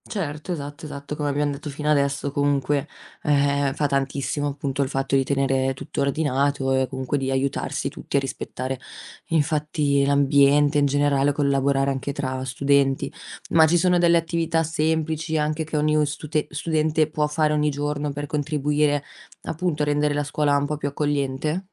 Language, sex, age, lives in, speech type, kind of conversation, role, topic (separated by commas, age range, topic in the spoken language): Italian, female, 25-29, Italy, read, expert-assistant, asker, Perché è fondamentale rispettare l’ambiente scolastico?